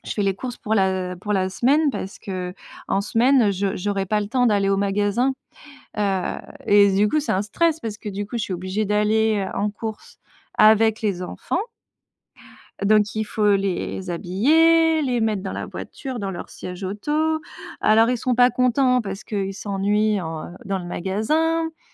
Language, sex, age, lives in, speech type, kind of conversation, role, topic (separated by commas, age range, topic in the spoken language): French, female, 35-39, France, conversation, advice, user, Comment décririez-vous votre épuisement chronique qui rend les tâches ménagères et personnelles impossibles ?